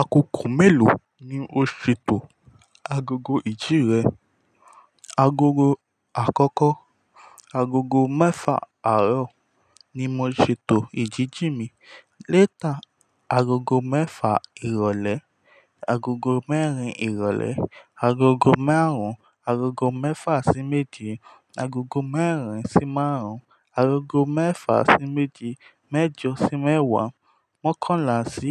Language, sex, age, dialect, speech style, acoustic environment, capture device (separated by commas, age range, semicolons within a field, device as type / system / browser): Yoruba, male, 18-24, Nigeria - Ijebu, focused; happy, silence, mobile / Linux / Chrome